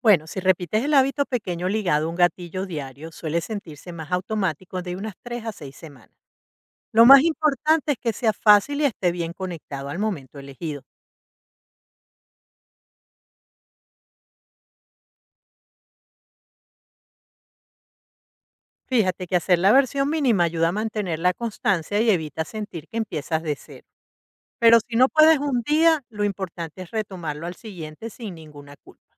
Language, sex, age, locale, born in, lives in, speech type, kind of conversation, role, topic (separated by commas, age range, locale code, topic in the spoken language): Spanish, female, 55-59, es-VE, Venezuela, United States, read, expert-assistant, expert, ¿Cuáles son las mejores estrategias para crear hábitos positivos que realmente se mantengan en el tiempo?